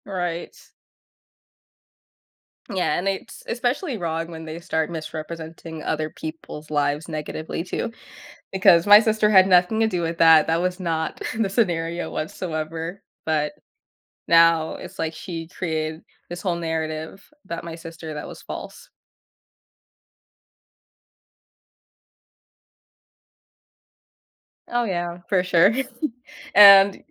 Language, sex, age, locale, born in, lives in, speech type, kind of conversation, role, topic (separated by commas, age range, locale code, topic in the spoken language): English, female, 20-24, en-US, United States, United States, conversation, podcast, host, How does social media shape the way we connect with friends in everyday life?